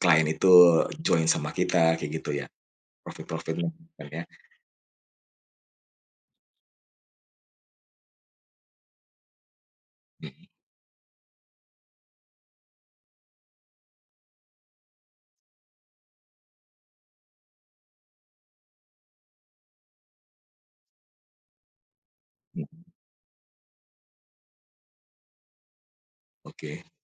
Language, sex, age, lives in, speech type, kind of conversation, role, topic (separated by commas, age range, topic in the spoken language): Indonesian, male, 45-49, Indonesia, conversation, advice, user, Bagaimana cara mengatasi kecemasan sebelum presentasi penting di depan banyak orang?